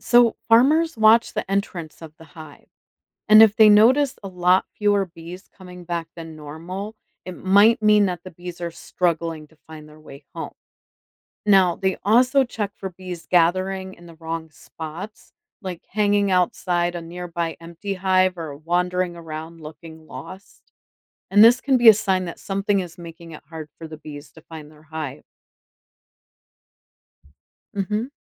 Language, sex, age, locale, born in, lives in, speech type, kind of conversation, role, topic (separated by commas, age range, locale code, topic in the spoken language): English, female, 45-49, en-US, United States, United States, read, expert-assistant, expert, How do bees help pollinate plants?